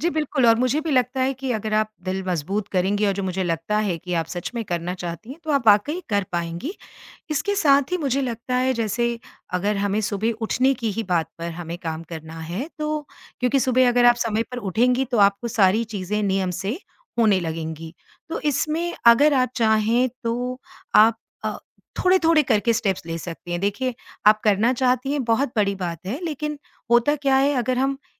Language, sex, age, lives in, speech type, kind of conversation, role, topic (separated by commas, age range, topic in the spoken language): Hindi, female, 50-54, India, conversation, advice, advisor, सुबह की नियमित दिनचर्या बनाकर भी मैं समय पर घर से कैसे निकलूँ?